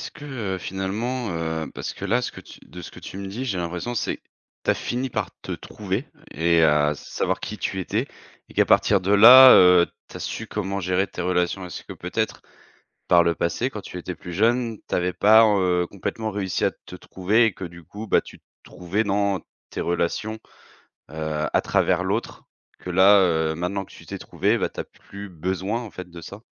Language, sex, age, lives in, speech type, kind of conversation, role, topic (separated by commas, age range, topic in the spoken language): French, male, 30-34, France, conversation, podcast, host, As-tu déjà modifié ta façon d’aimer pour quelqu’un ?